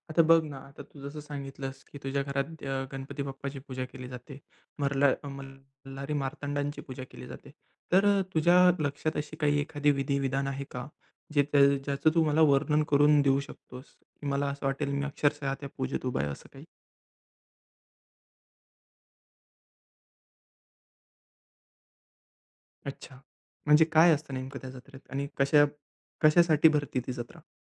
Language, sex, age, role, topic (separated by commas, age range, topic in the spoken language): Marathi, male, 20-24, host, घरातील पूजा-विधी किंवा लहान धार्मिक कर्म कसे केले जातात?